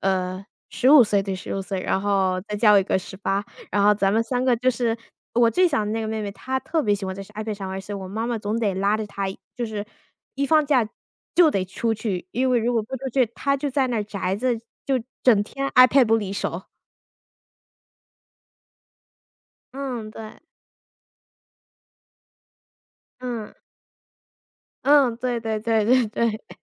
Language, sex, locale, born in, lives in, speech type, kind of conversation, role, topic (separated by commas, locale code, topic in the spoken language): Chinese, female, zh-CN, United States, United States, conversation, unstructured, none, 你周末最喜欢做什么活动？